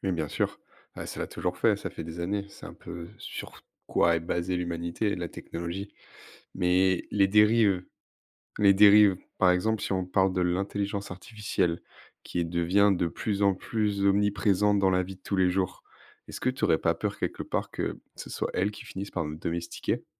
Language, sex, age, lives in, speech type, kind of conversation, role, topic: French, male, 20-24, France, conversation, unstructured, none, As-tu un souvenir heureux vécu en pleine nature ?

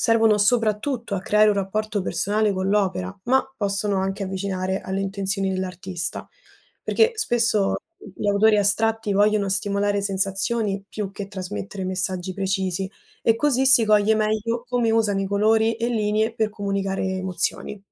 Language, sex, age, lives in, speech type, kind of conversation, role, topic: Italian, female, 20-24, Italy, read, expert-assistant, expert, Perché alcune opere d’arte ci emozionano più di altre?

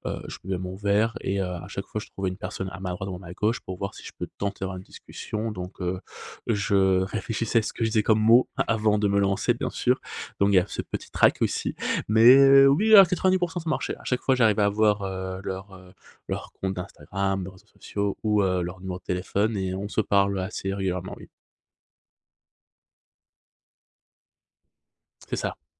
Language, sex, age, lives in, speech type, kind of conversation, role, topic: French, male, 30-34, Spain, conversation, podcast, guest, Comment gères-tu la solitude lorsque tu voyages ?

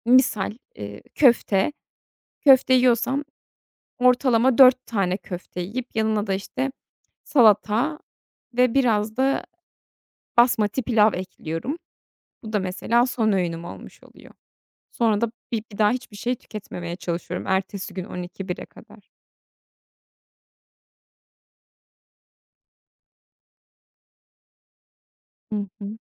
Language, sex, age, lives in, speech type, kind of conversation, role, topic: Turkish, female, 30-34, Netherlands, conversation, advice, user, Farklı diyet önerileri arasında kalıp karar veremediğimde nasıl bir yol izlemeliyim?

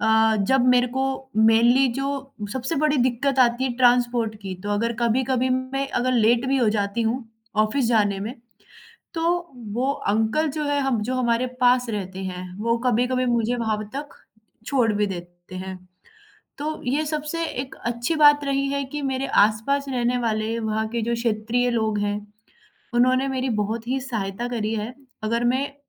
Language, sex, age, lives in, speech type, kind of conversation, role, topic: Hindi, female, 20-24, India, conversation, advice, user, शहर बदलने के बाद आप नई ज़िंदगी में कैसे ढल रहे हैं?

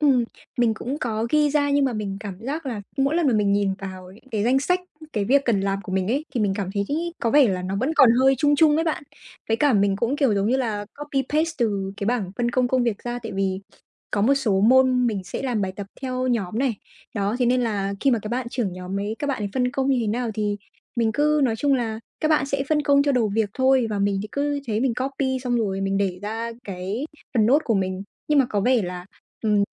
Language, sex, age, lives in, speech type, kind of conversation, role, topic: Vietnamese, female, 20-24, Vietnam, conversation, advice, user, Làm sao để ưu tiên công việc theo mức độ quan trọng?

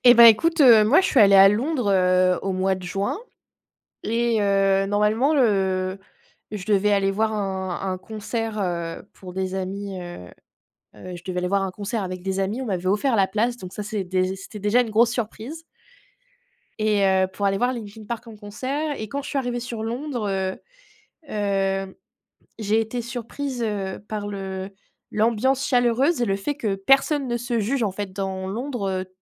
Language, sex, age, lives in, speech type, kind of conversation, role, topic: French, female, 25-29, France, conversation, unstructured, none, Quelle est la meilleure surprise que tu aies eue en voyage ?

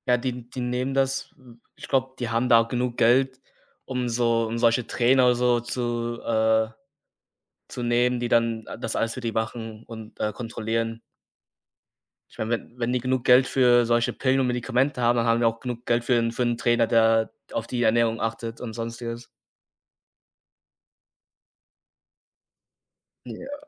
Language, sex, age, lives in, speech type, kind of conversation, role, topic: German, male, 20-24, Germany, conversation, unstructured, none, Wie hat dir Sport geholfen, dich besser zu fühlen?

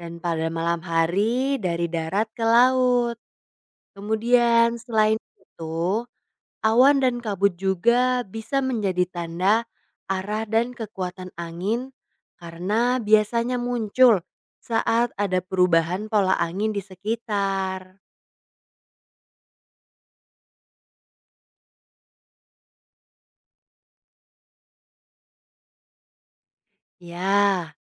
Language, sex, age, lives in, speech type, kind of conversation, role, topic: Indonesian, female, 20-24, Indonesia, read, expert-assistant, expert, Apa yang menyebabkan angin bertiup di bumi?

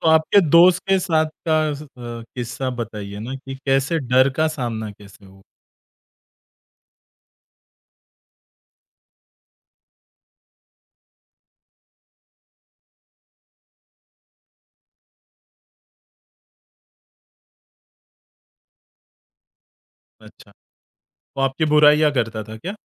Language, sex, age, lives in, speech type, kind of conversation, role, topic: Hindi, male, 30-34, India, conversation, podcast, host, Kab tumne apne darr ka saamna kiya?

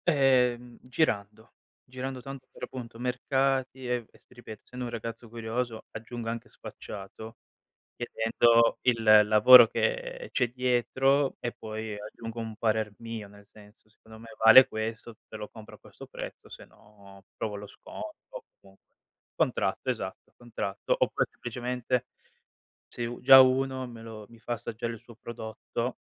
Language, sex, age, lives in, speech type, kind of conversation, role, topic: Italian, male, 25-29, Italy, conversation, podcast, guest, Come scegli gli ingredienti freschi al mercato o al supermercato?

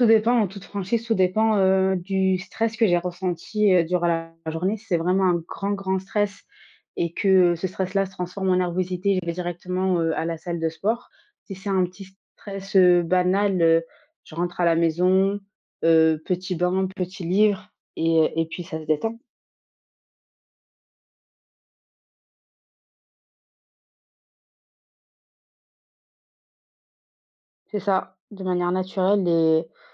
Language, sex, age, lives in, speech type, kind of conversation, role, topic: French, female, 25-29, France, conversation, podcast, guest, As-tu des méthodes simples pour gérer le stress au travail ?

